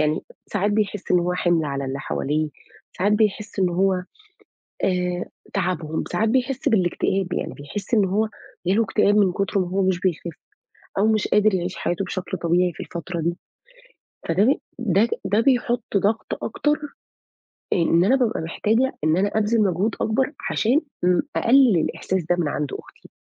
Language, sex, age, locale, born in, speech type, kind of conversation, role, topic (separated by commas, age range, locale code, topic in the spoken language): Arabic, female, 35-39, ar-EG, Saudi Arabia, conversation, advice, user, إزاي ضغط رعاية مريض أو حد من العيلة بيخليك تحس بتوتر؟